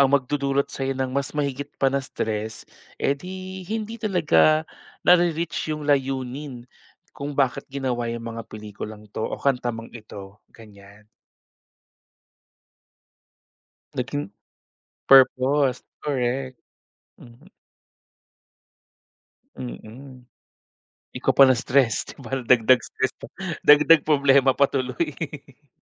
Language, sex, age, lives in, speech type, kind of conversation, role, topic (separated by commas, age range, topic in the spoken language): Filipino, male, 30-34, Philippines, conversation, podcast, guest, Bakit sa tingin mo nakatutulong ang pelikula o musika para pansamantala tayong makatakas sa bigat ng nararamdaman?